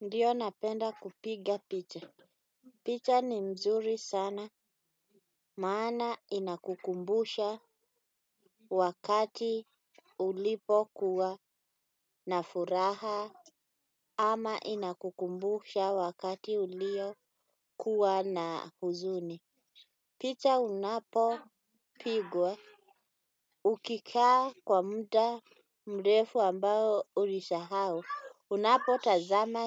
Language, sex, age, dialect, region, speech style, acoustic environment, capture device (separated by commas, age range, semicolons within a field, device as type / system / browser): Swahili, female, 35-44, Kenya - Nairobi Sheng-influenced, Kenya, relaxed, nature, desktop / Windows / Chrome